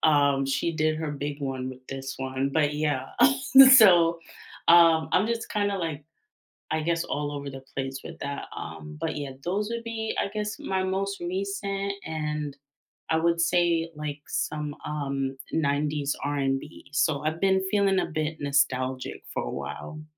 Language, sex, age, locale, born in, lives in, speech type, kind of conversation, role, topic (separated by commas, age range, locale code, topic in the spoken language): English, female, 25-29, en-US, United States, United States, conversation, unstructured, none, What was the last song you listened to on repeat, and what made you keep replaying it?